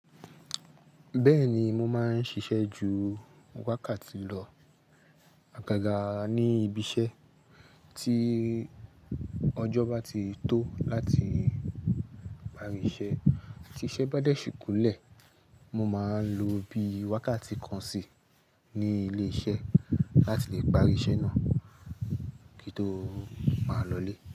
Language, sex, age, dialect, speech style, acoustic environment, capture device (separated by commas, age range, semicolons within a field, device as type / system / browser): Yoruba, male, 25-34, Nigeria - Lagos Yoruba, happy; anxious; tired; focused; excited; relaxed, people talking; music; construction; traffic, mobile / Linux / Chrome